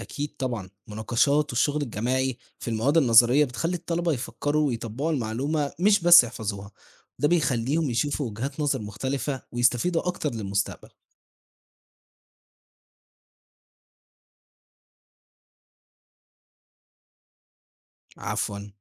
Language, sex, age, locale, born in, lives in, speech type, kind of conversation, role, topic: Arabic, male, 18-19, ar-EG, Egypt, Egypt, read, expert-assistant, expert, مناهج التعليم القائم على المشروعات ولا المناهج المعتمدة على الحفظ؟ مين فيهم بيساعد الطلبة أكتر في سوق الشغل؟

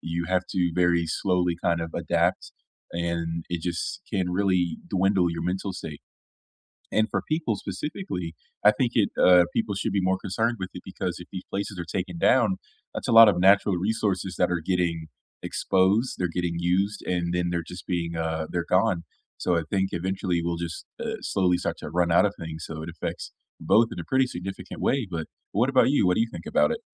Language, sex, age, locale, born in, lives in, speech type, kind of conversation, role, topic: English, male, 25-29, en-US, United States, United States, conversation, unstructured, none, How does deforestation affect animals and people?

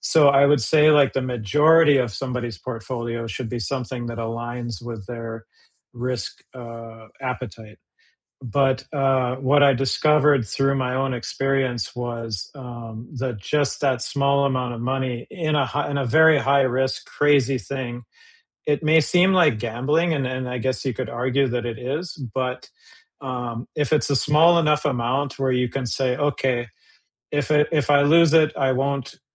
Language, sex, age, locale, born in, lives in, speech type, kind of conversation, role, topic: English, male, 45-49, en-US, United States, United States, conversation, unstructured, none, What is one thing you wish you had learned about money earlier?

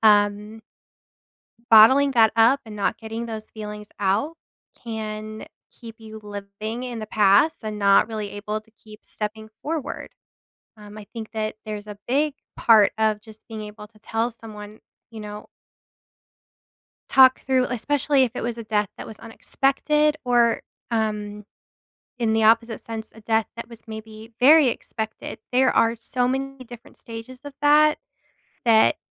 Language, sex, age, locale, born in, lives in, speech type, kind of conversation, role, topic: English, female, 30-34, en-US, United States, United States, conversation, podcast, guest, Why is it important to have open conversations about death and grief?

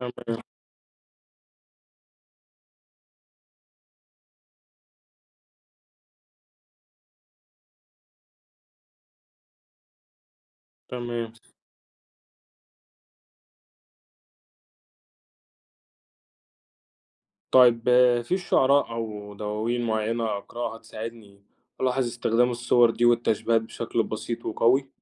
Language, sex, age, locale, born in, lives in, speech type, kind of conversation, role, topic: Arabic, male, 45-49, ar-EG, Egypt, Egypt, read, expert-assistant, asker, إزاي أقدر أطور مهاراتي في كتابة الشعر؟ إيه أهم التكنيكات اللي ممكن أبتدي بيها؟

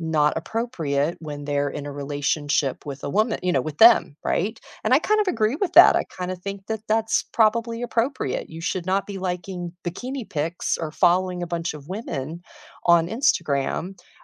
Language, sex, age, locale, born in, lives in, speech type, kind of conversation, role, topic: English, female, 50-54, en-US, United States, United States, conversation, unstructured, none, Should couples always share their passwords with each other?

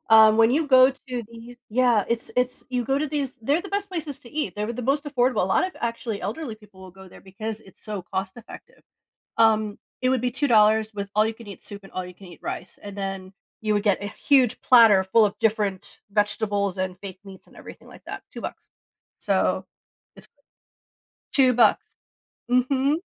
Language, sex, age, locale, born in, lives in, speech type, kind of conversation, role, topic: English, female, 40-44, en-US, United States, United States, conversation, podcast, guest, In what ways do our cultural backgrounds influence our eating habits and food choices?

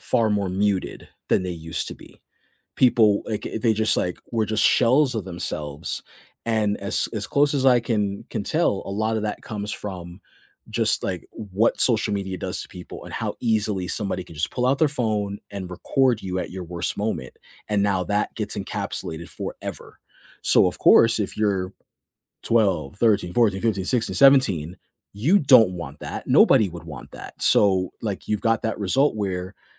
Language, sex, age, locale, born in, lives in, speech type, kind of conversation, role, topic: English, male, 40-44, en-US, United States, United States, conversation, unstructured, none, How does technology shape your sense of access and belonging in everyday life?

